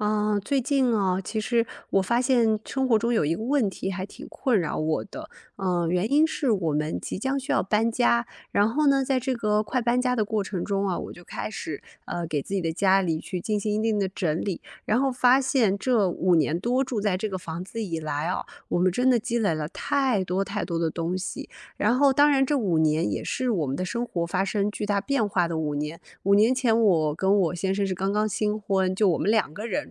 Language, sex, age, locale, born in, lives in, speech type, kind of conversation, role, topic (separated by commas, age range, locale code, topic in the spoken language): Chinese, female, 30-34, zh-CN, China, United States, conversation, advice, user, 家里的东西越来越多却舍不得丢，该怎么办？